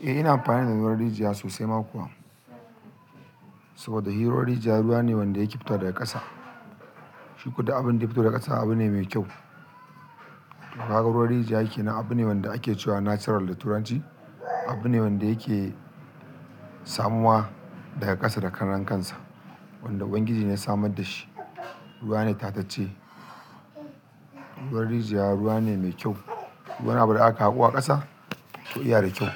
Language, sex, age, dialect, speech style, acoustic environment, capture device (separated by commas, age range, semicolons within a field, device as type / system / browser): Hausa, male, 35-44, Nigeria - Kano, excited, people talking, mobile / Linux / Chrome